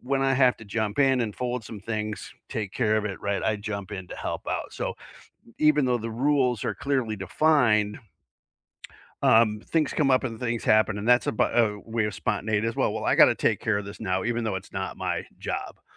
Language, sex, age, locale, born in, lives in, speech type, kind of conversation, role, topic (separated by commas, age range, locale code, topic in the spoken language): English, male, 50-54, en-US, United States, United States, conversation, unstructured, none, Do clearly defined relationship rules prevent fights, or do they undermine spontaneity and intimacy?